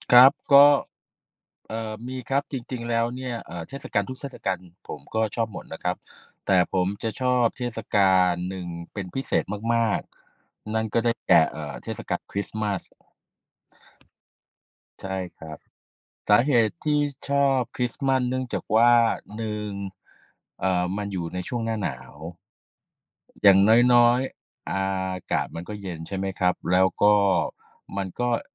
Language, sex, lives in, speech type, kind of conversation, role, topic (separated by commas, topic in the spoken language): Thai, male, Thailand, conversation, unstructured, none, เทศกาลไหนในแต่ละปีที่คุณชอบมากที่สุด และเพราะอะไร?